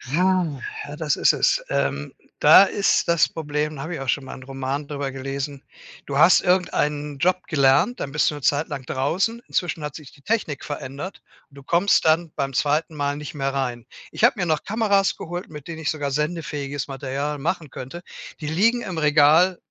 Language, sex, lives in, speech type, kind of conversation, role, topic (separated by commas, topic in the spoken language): German, male, Germany, conversation, advice, user, Wie gehst du nach einem Rückschlag mit Motivationsverlust und Zweifeln an deinem Ziel um?